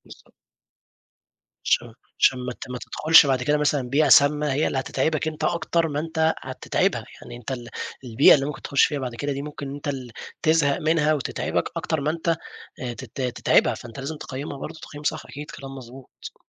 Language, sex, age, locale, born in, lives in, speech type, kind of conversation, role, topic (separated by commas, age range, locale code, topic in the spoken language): Arabic, male, 20-24, ar-EG, Egypt, Egypt, conversation, podcast, host, إزاي خططت مادّيًا قبل ما تسيب شغلك القديم؟